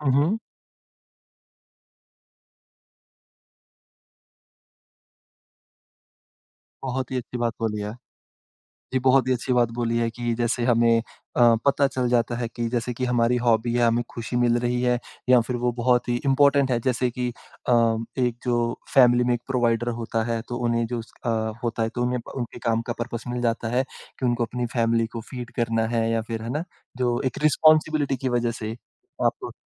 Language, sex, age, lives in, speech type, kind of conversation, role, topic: Hindi, male, 25-29, India, conversation, podcast, host, Apne kaam ka purpose kaise dhoondhte ho aur apna junoon kaise pehchante ho?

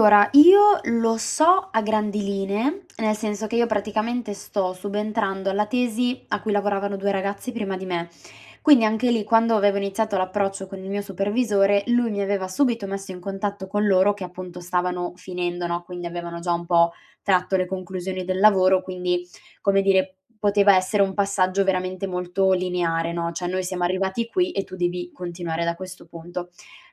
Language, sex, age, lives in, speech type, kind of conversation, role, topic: Italian, female, 25-29, Italy, conversation, advice, user, Come posso costruire una relazione di mentoring efficace?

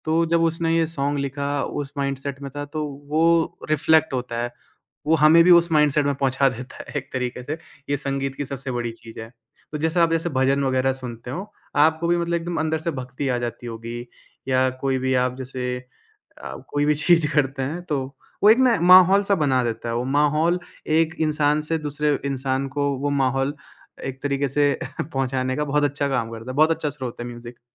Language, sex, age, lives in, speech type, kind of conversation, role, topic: Hindi, male, 20-24, India, conversation, podcast, guest, क्या आपके लिए संगीत केवल मनोरंजन है या उससे कहीं अधिक?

